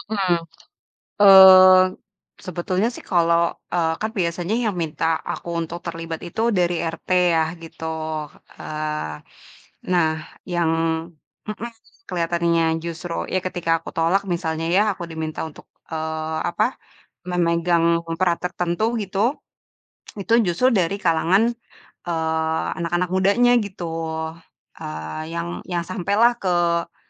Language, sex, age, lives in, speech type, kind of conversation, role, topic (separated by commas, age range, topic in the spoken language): Indonesian, female, 30-34, Indonesia, conversation, advice, user, Mengapa saya mengalami konflik dengan tetangga karena perbedaan adat atau kebiasaan?